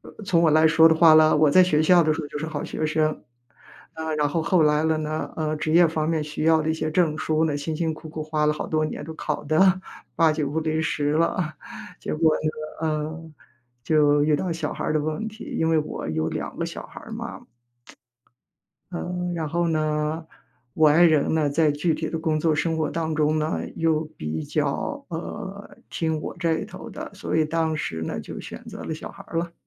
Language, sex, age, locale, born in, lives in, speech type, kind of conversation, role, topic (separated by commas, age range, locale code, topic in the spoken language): Chinese, female, 55-59, zh-CN, China, United States, conversation, advice, user, 我该如何在创业和家庭生活之间取得平衡？